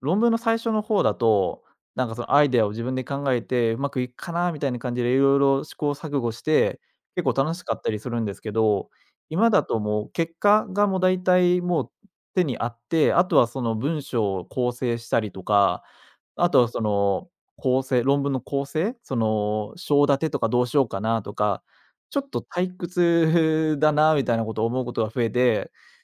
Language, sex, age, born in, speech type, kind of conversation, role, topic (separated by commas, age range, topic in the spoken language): Japanese, male, 25-29, Japan, conversation, advice, user, 集中力が短時間で切れて仕事が進まないのはなぜですか？